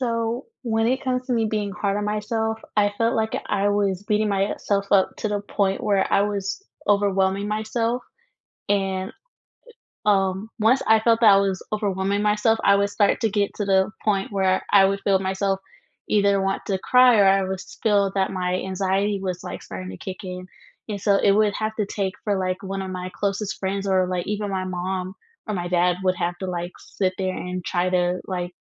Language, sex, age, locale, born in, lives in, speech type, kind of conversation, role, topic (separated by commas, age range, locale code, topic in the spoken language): English, female, 20-24, en-US, United States, United States, conversation, unstructured, none, What advice would you give your younger self as a student?